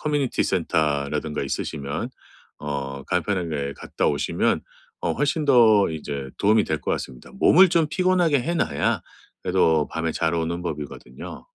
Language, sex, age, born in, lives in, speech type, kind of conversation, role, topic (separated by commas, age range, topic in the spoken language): Korean, male, 45-49, South Korea, United States, conversation, advice, advisor, 매일 같은 시간에 잠들려면 어떻게 하면 좋을까요?